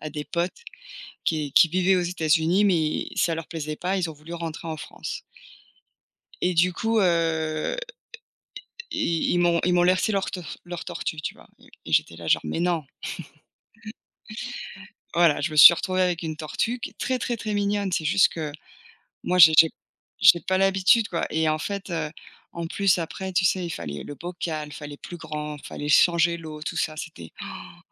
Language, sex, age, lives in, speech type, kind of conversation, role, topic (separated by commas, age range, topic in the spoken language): French, female, 40-44, United States, conversation, unstructured, none, Quelle est la meilleure chose quand on a un animal de compagnie ?